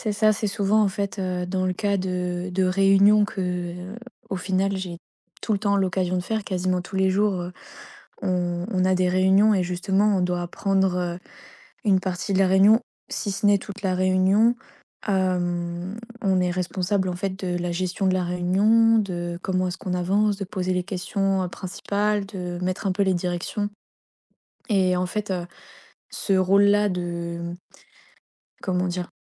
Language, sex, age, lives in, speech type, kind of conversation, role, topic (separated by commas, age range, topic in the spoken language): French, female, 20-24, France, conversation, advice, user, Comment surmonter ma peur de parler en public pour présenter un projet important ?